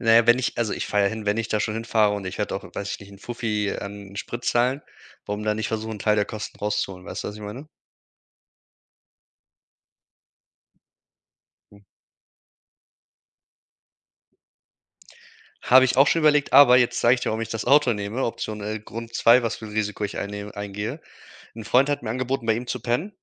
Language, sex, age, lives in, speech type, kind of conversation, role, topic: German, male, 25-29, Germany, conversation, unstructured, none, Welche Risiken sind für dich auf Reisen akzeptabel?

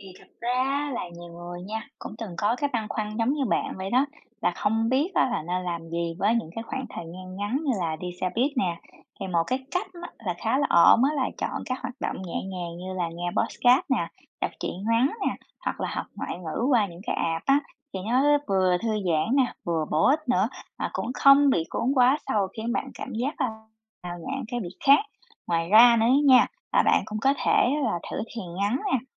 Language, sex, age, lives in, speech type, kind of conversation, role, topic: Vietnamese, female, 30-34, United States, read, expert-assistant, expert, Làm thế nào để duy trì thói quen giải trí linh hoạt mà không bị áp lực khi thay đổi kế hoạch?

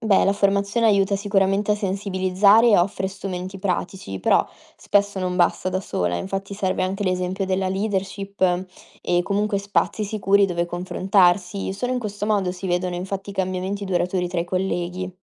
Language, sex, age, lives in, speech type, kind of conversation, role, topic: Italian, female, 20-24, Italy, read, expert-assistant, expert, Chiedere aiuto per la salute mentale è una scelta di forza o di debolezza? Come cambia questa idea tra le persone?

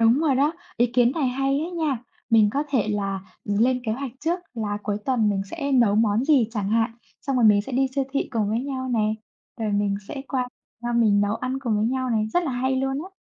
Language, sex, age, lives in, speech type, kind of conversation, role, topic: Vietnamese, female, 25-29, Vietnam, conversation, unstructured, none, Tại sao tình bạn lại quan trọng trong cuộc sống?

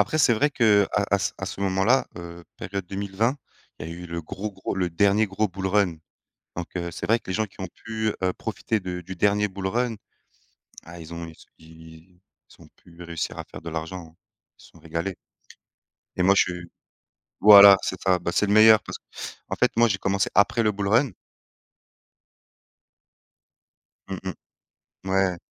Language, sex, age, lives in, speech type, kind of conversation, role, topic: French, male, 30-34, France, conversation, unstructured, none, Quel loisir te passionne le plus en ce moment ?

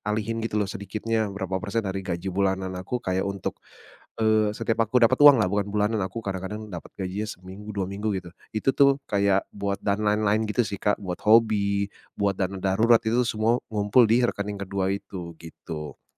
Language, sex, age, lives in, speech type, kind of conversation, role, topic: Indonesian, male, 35-39, Indonesia, conversation, advice, user, Bagaimana cara menahan diri dari pembelian impulsif agar bisa lebih konsisten menabung?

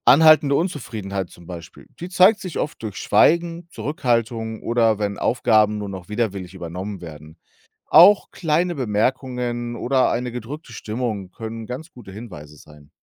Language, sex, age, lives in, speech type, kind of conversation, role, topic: German, male, 35-39, Germany, read, expert-assistant, expert, Was bedeutet es, im sozialen Bereich wirklich zu helfen – kann man immer wissen, was das Beste für jemanden ist?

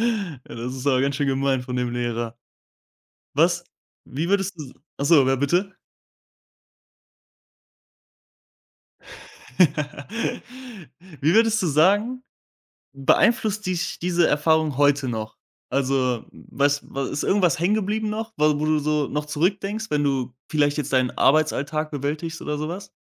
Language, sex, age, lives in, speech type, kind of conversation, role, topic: German, male, 20-24, Germany, conversation, podcast, host, Welche Lernerfahrung hat dich wirklich geprägt?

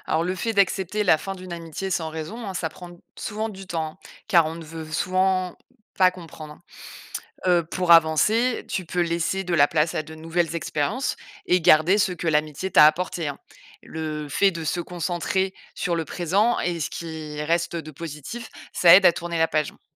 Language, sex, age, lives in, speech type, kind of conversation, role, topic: French, female, 35-39, France, read, expert-assistant, expert, Que faire quand on sent qu’une amitié est « en panne » sans trop savoir pourquoi ?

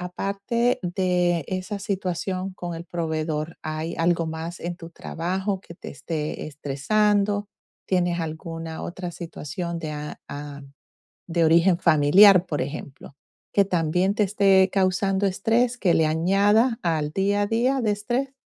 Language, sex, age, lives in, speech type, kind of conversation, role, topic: Spanish, female, 45-49, United States, conversation, advice, advisor, Cómo puedo relajarme en casa después de un día estresante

